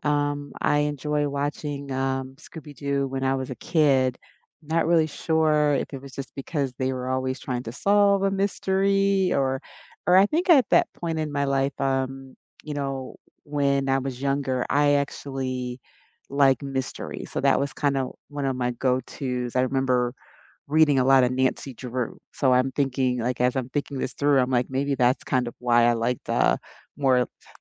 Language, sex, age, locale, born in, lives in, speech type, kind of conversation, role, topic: English, female, 50-54, en-US, United States, United States, conversation, unstructured, none, Which nostalgic cartoons or shows from your past still hold up for you today?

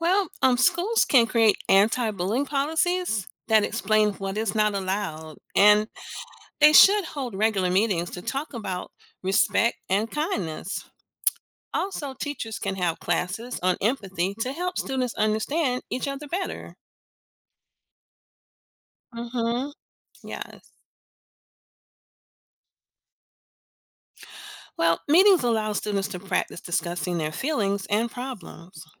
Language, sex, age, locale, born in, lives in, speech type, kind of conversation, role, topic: English, female, 50-54, en-US, United States, United States, read, expert-assistant, expert, What strategies can be used to prevent bullying in schools?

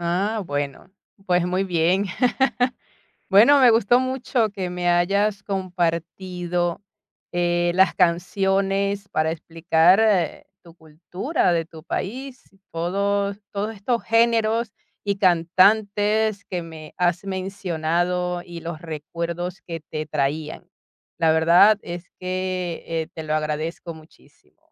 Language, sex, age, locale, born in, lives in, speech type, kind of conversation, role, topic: Spanish, female, 45-49, es-VE, Venezuela, Italy, conversation, podcast, host, ¿Qué canciones usas para explicar tu cultura a alguien?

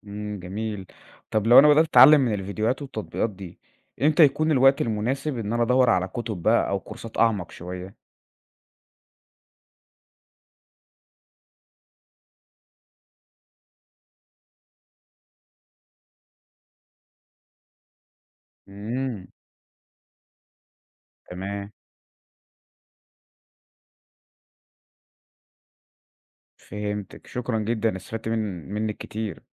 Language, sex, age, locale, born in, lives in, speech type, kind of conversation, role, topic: Arabic, male, 20-24, ar-EG, Egypt, Egypt, read, expert-assistant, asker, لو حبيت أفهم الفرق بين تخصصات الطب زي القلب والمخ والعظام، أبدأ منين وأدور على معلومات موثوقة فين؟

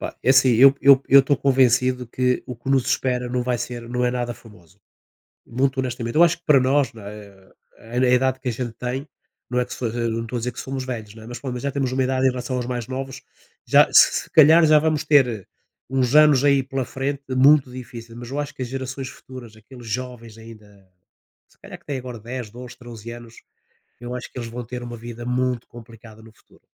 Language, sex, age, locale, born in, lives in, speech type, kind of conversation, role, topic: Portuguese, male, 60-64, pt-PT, Portugal, Portugal, conversation, unstructured, none, O que você acha das mudanças climáticas no mundo hoje?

